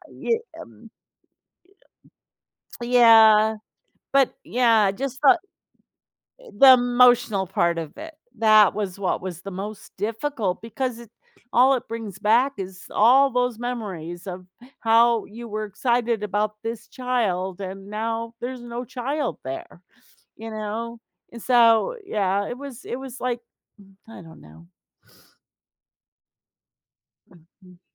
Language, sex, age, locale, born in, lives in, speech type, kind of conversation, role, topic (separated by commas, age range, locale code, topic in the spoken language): English, female, 60-64, en-US, United States, United States, conversation, advice, user, How do I cope with grief after a miscarriage?